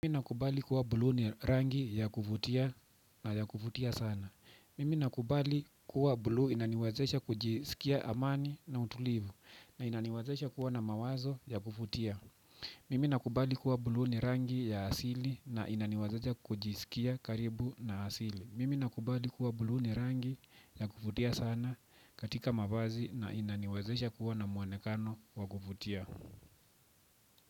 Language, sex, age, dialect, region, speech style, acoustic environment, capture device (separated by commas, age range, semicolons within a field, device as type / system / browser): Swahili, male, 25-34, Kenya - Nairobi Sheng-influenced, Kenya, relaxed, silence, desktop / Windows / Chrome